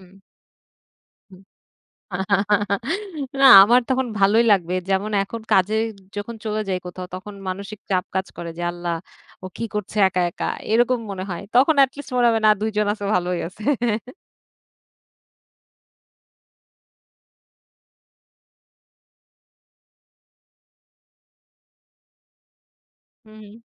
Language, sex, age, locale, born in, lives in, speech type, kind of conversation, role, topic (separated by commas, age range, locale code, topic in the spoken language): Bengali, female, 30-34, bn-BD, Bangladesh, Bangladesh, conversation, podcast, guest, মানসিক চাপ কমাতে আপনার কি কোনো দৈনন্দিন রুটিন আছে?